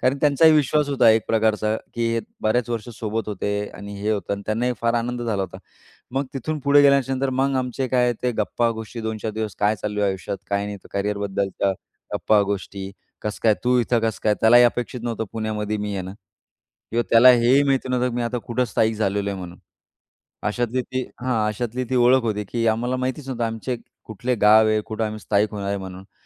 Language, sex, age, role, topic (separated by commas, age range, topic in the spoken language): Marathi, male, 35-39, guest, जुन्या मित्राशी बराच काळानंतर भेटल्यावर ती भेट तुम्हाला इतकी जिव्हाळ्याची का वाटली?